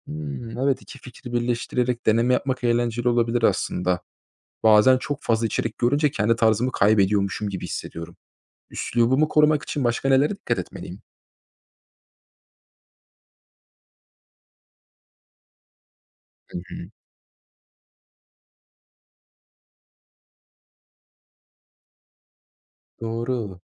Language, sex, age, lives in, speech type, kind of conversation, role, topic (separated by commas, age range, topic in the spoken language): Turkish, male, 25-29, Germany, read, expert-assistant, asker, Pazarlama alanında kendimi geliştirmek için günlük hayatımda neleri değiştirebilirim?